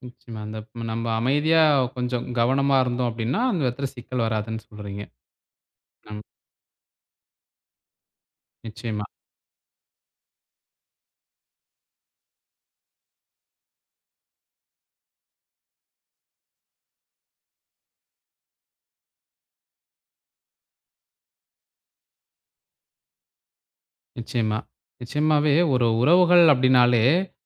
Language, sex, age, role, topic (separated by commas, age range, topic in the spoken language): Tamil, male, 20-24, host, உறவுகளை வளர்க்க நீங்கள் இன்று எடுக்கக்கூடிய சிறிய முதல் படி என்ன?